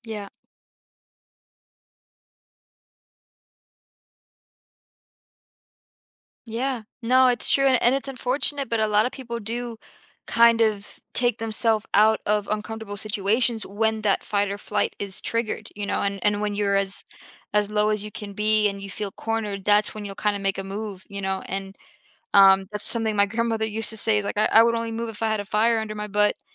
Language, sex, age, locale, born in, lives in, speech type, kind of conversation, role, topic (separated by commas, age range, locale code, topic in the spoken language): English, female, 25-29, en-US, United States, United States, conversation, podcast, host, What helps you stay focused on your future goals while handling everyday responsibilities?